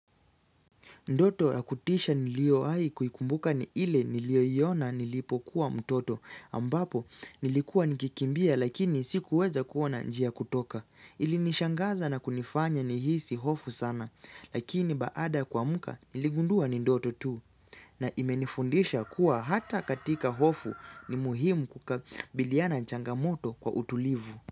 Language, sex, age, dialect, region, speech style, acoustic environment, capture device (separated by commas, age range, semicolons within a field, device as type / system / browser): Swahili, male, 18-24, Kenya - Nairobi Sheng-influenced, Kenya, focused; relaxed; excited, nature; silence, mobile / Linux / Chrome